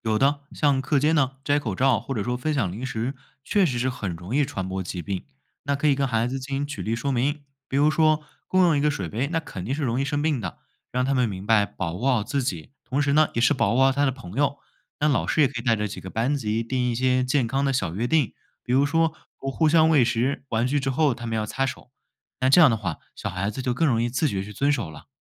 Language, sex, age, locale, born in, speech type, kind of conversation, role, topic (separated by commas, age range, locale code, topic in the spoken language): Chinese, male, 20-24, zh-CN, China, read, expert-assistant, expert, 最近小区里好几个人都感冒了，这种情况可能是哪些公共健康问题导致的？我们应该注意什么？